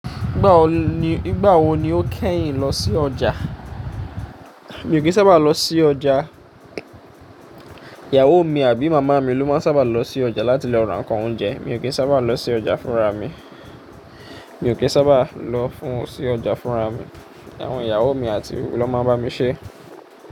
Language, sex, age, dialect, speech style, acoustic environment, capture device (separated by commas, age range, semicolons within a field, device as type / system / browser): Yoruba, male, 25-34, Nigeria - Ijebu, happy; focused, nature; appliances, mobile / Linux / Chrome